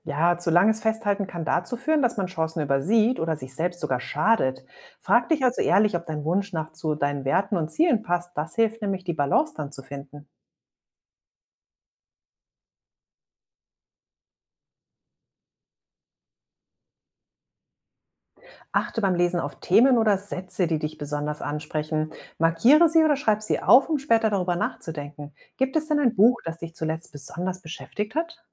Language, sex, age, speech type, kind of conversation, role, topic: German, female, 40-44, read, expert-assistant, expert, Wie kann ich aus Buchbesprechungen Anregungen für mein eigenes Leben ziehen?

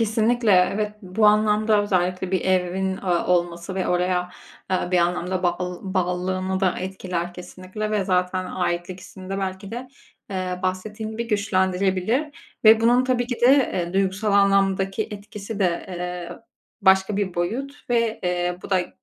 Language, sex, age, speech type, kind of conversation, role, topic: Turkish, female, 25-29, conversation, advice, advisor, Ev almak mı, kiralamak mı daha doğru bir karar?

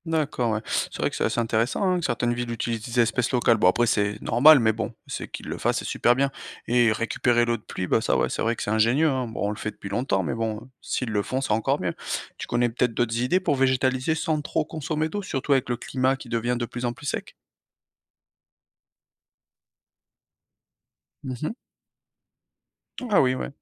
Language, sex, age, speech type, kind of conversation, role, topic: French, male, 35-39, read, expert-assistant, asker, Est-ce vrai que les vagues de chaleur deviennent plus fréquentes à cause du changement climatique ?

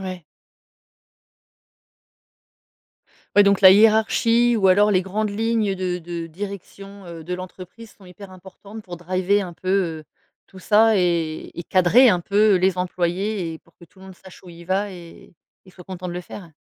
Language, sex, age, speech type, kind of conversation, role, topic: French, female, 40-44, conversation, podcast, host, Qu’est-ce qui te motive vraiment au travail ?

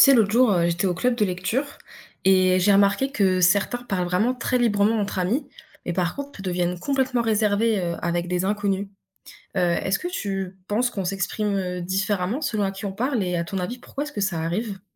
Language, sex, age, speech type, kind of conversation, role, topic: French, female, 20-24, read, expert-assistant, asker, Comment pourrais-tu comparer de façon pratique la manière dont on s’exprime avec ses proches et avec des inconnus ?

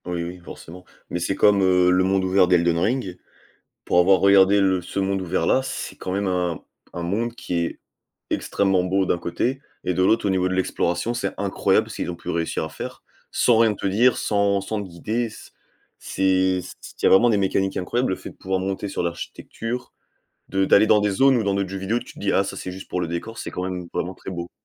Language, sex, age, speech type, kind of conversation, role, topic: French, male, 20-24, conversation, unstructured, none, Qu’est-ce qui te déplaît le plus dans certains jeux vidéo ?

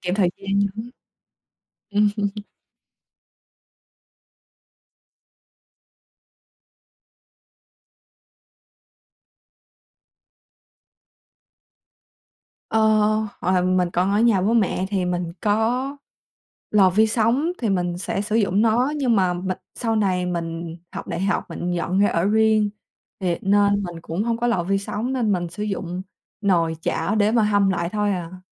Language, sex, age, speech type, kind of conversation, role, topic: Vietnamese, female, 20-24, conversation, podcast, guest, Bạn thường chuẩn bị bữa tối nhanh như thế nào vào những ngày bận rộn?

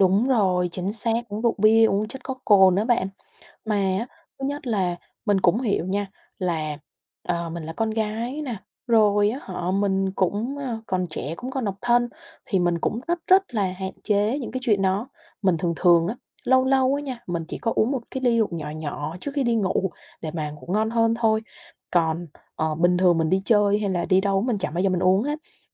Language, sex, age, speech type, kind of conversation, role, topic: Vietnamese, female, 25-29, conversation, advice, user, Làm gì khi bị bạn bè ép uống rượu hoặc dùng chất kích thích dù bạn không muốn?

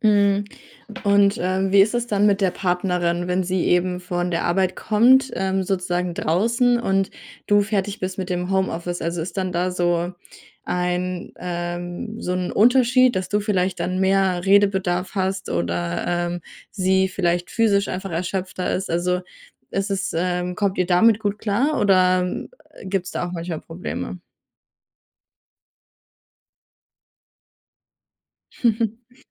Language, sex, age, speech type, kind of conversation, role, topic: German, female, 20-24, conversation, podcast, host, Wie hat das Homeoffice deinen Tagesablauf verändert?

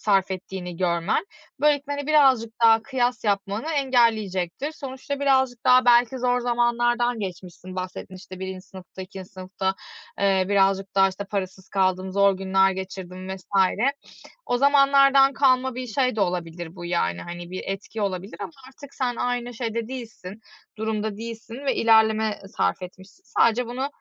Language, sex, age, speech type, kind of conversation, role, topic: Turkish, female, 35-39, conversation, advice, advisor, Başkalarıyla kendini kıyaslama eğilimini nasıl azaltabilirsin?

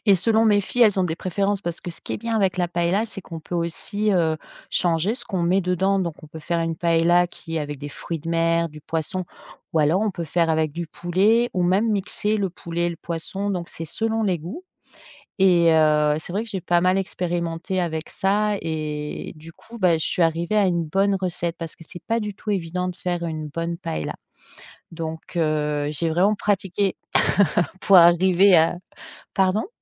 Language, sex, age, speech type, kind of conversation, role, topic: French, female, 45-49, conversation, podcast, guest, Quel plat symbolique te rappelle ton identité ?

